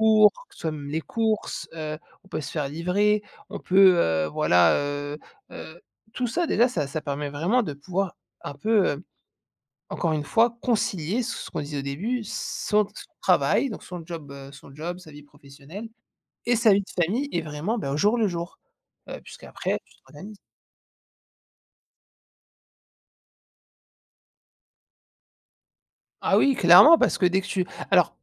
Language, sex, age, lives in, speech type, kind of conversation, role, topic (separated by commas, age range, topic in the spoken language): French, male, 30-34, France, conversation, podcast, guest, Comment concilier travail et vie de famille au quotidien ?